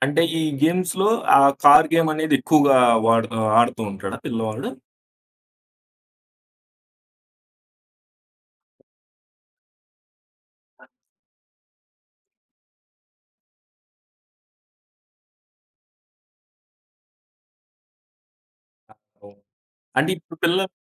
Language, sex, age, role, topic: Telugu, male, 25-29, host, పిల్లలతో ఇంట్లో పనులు చేస్తూ ఉండేటప్పుడు మీకు ఉపయోగపడిన చిట్కాలు ఏవి?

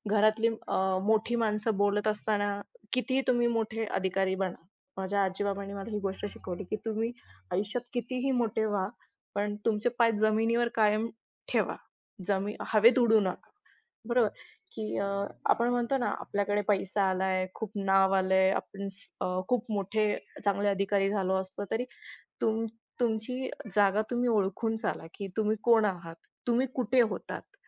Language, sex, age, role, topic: Marathi, female, 25-29, guest, आजी-आजोबांनी शिकवलेली कोणती गोष्ट तुम्ही अजूनही पाळता?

